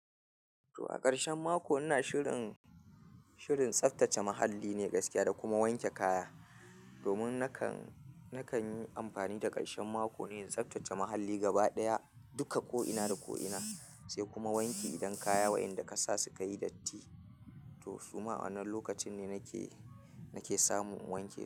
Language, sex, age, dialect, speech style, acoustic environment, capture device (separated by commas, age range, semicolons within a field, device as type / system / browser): Hausa, male, 25-34, Nigeria - Kano, happy; nervous; focused; tired; excited; anxious; relaxed; stressed, appliances; traffic; construction; people talking; music; nature; silence, mobile / Linux / Chrome